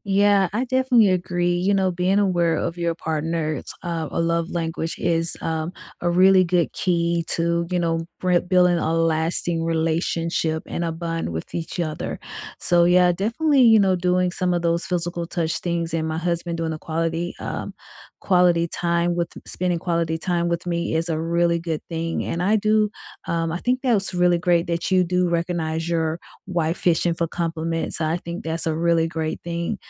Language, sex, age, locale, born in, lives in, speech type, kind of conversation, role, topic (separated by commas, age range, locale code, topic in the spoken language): English, female, 45-49, en-US, United States, United States, conversation, unstructured, none, Which love languages resonate most with you, and how have they shown up in your life?